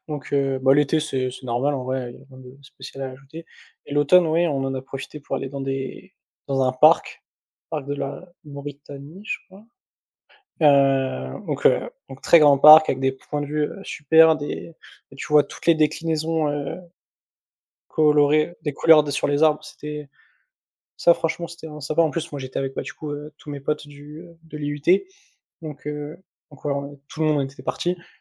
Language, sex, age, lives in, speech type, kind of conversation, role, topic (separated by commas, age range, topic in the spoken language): French, male, 20-24, France, conversation, unstructured, none, Quel voyage t’a le plus marqué émotionnellement ?